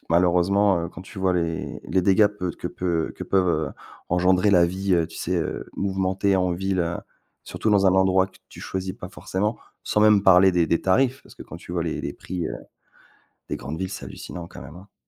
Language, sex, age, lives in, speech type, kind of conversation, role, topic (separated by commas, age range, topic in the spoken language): French, male, 40-44, France, conversation, unstructured, none, Quel événement récent vous a rendu particulièrement heureux ?